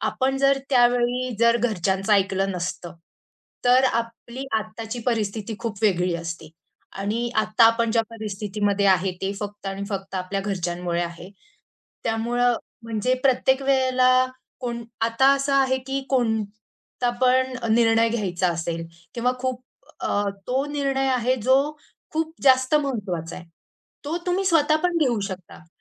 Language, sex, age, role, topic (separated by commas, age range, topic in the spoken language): Marathi, female, 25-29, guest, तुम्ही कुटुंबाकडून मिळणारा आधार कसा अनुभवला आहे?